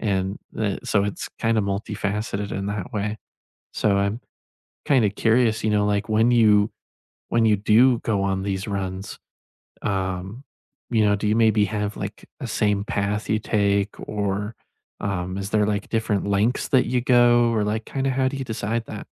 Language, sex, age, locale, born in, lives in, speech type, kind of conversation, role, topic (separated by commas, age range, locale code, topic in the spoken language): English, male, 20-24, en-US, United States, United States, conversation, unstructured, none, How do you recharge after a stressful day, and how can we support each other?